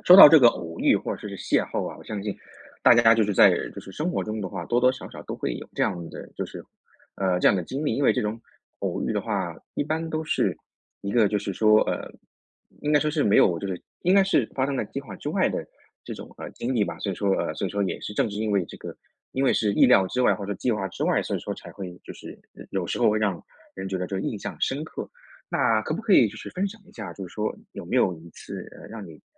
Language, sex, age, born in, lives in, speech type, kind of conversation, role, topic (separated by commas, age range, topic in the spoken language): Chinese, male, 30-34, China, United States, conversation, podcast, host, 你有没有遇到过一次偶遇，因为遇见某个人而改变了你的人生走向？